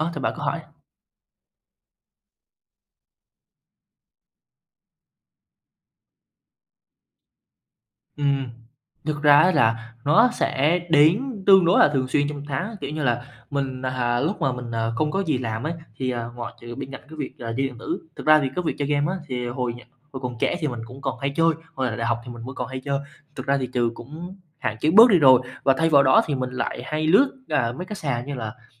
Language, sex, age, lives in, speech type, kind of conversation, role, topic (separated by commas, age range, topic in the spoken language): Vietnamese, male, 20-24, Vietnam, conversation, advice, user, Làm thế nào để giảm thói quen mua sắm bốc đồng khiến bạn hối hận?